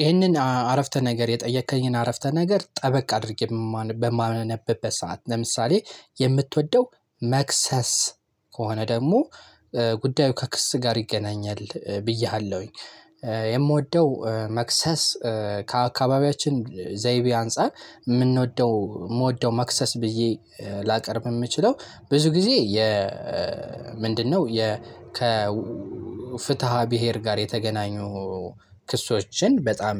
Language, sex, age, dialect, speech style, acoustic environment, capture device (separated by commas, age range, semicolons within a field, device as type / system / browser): Amharic, male, 18-24, Ethiopia - Gojjam, excited, silence, desktop / Linux / Chrome